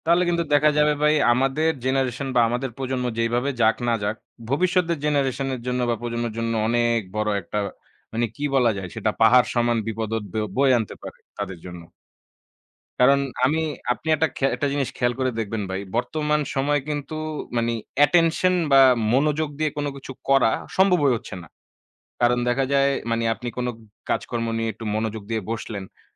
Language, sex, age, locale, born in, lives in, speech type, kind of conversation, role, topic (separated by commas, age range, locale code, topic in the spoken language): Bengali, male, 25-29, bn-BD, Bangladesh, Bangladesh, conversation, unstructured, none, তোমার জীবনে প্রযুক্তি কীভাবে পরিবর্তন এনেছে?